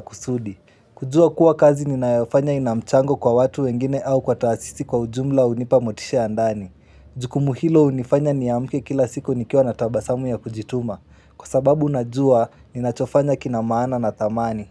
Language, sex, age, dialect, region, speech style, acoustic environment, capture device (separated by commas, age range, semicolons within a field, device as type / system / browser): Swahili, male, 18-24, Kenya - Nairobi Sheng-influenced, Kenya, relaxed, silence, mobile / Linux / Chrome